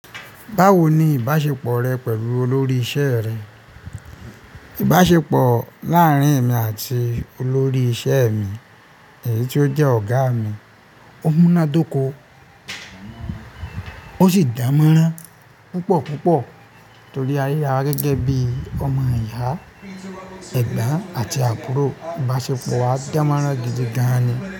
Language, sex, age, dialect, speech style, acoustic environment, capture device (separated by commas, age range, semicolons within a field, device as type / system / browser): Yoruba, male, 25-34, Nigeria - Ibadan, focused, appliances, mobile / Linux / Chrome